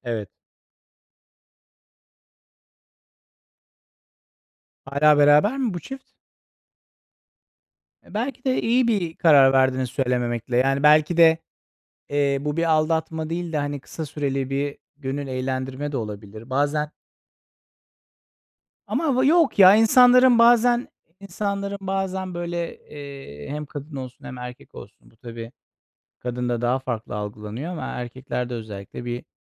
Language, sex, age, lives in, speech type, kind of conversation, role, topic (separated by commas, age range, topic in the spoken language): Turkish, male, 40-44, Netherlands, conversation, unstructured, none, Bir ilişkide yalan söylemek ne kadar kabul edilebilir?